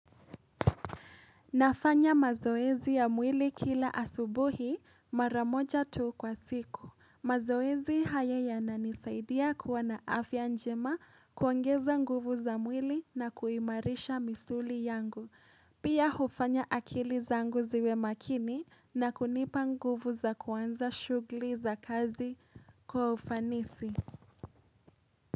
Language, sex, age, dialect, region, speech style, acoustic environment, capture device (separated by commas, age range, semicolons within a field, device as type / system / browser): Swahili, female, 18-24, Kenya - Nairobi Sheng-influenced, Kenya, relaxed; happy, appliances, mobile / Linux / Chrome